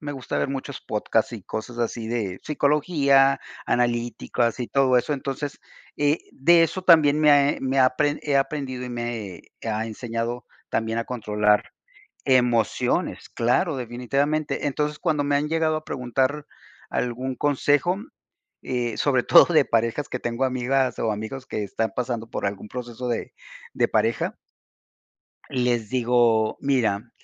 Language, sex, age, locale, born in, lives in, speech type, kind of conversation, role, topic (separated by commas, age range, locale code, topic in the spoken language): Spanish, male, 55-59, es-MX, Mexico, United States, conversation, unstructured, none, ¿Cómo expresas tus sentimientos sin herir a los demás?